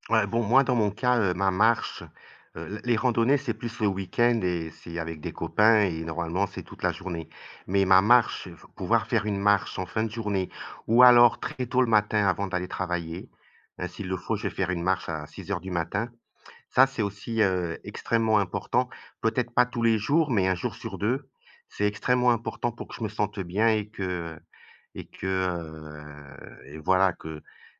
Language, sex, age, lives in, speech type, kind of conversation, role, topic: French, male, 55-59, Portugal, conversation, unstructured, none, Quels petits plaisirs te rendent heureux chaque jour ?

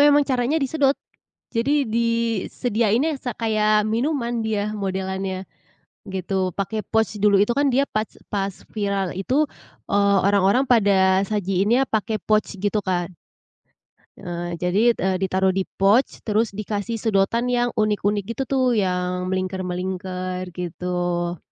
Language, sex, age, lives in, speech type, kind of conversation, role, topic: Indonesian, female, 25-29, Indonesia, conversation, podcast, guest, Apa proyek passion yang paling kamu banggakan, dan bagaimana awal ceritanya?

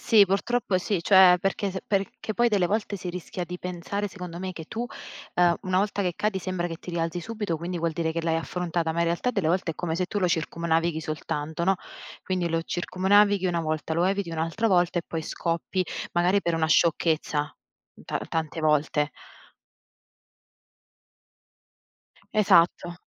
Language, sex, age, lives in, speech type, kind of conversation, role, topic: Italian, female, 30-34, Italy, conversation, unstructured, none, Pensi che la società capisca davvero la depressione?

